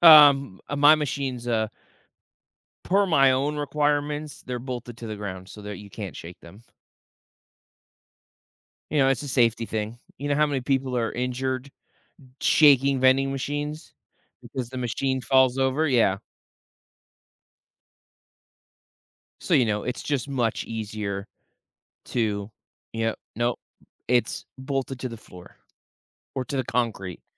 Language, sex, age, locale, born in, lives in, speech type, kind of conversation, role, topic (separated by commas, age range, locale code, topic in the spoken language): English, male, 30-34, en-US, United States, United States, conversation, podcast, host, What helps you maintain a healthy balance between your job and your personal life?